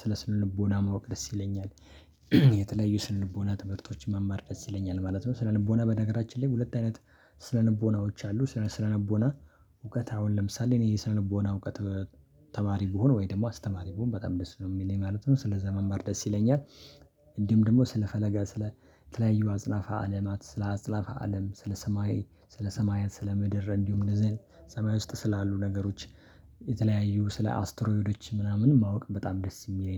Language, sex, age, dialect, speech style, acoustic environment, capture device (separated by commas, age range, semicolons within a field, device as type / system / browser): Amharic, male, 25-34, Ethiopia - Addis Ababa, happy, silence, mobile / Linux / Chrome